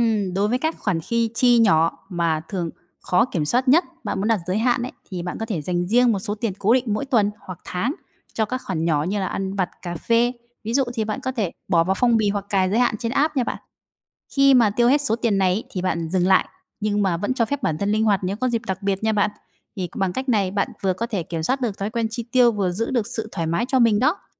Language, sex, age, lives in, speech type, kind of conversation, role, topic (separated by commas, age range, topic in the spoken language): Vietnamese, female, 30-34, Vietnam, read, expert-assistant, expert, Các mô hình phân bổ ngân sách cá nhân như 50/30/20, Kakeibo, Envelope System hoạt động ra sao và nên chọn mô hình nào?